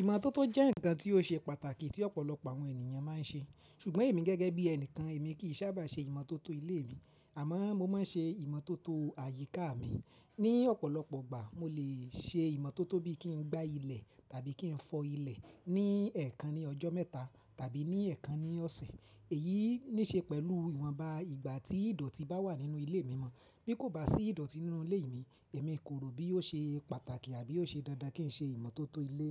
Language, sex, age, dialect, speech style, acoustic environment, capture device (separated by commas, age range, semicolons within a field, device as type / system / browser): Yoruba, male, 25-34, Nigeria - Ibadan, relaxed; excited; focused, people talking; nature; appliances, mobile / Linux / Chrome